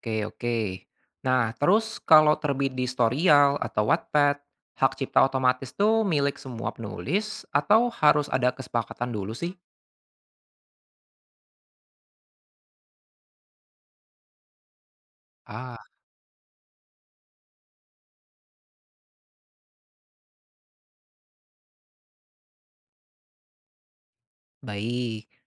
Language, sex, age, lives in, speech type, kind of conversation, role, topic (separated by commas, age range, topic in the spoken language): Indonesian, male, 25-29, Indonesia, read, expert-assistant, asker, Bagaimana Cara Mudah Bergabung dan Aktif di Komunitas Penulis Kreatif di Indonesia?